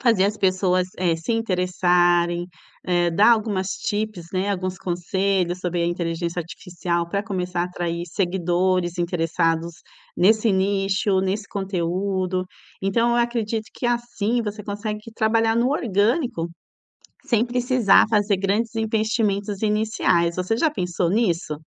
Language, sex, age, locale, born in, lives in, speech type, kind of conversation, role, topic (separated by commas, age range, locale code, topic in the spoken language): Portuguese, female, 45-49, pt-BR, Brazil, Italy, conversation, advice, advisor, Como superar o medo de lançar um produto e fracassar publicamente?